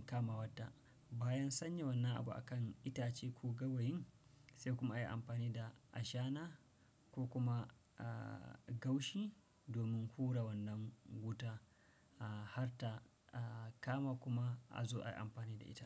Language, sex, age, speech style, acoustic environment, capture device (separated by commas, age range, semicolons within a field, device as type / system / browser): Hausa, male, 35-44, anxious; tired; relaxed; happy; nervous; excited; focused, silence; nature; appliances; people talking, desktop / Windows / Chrome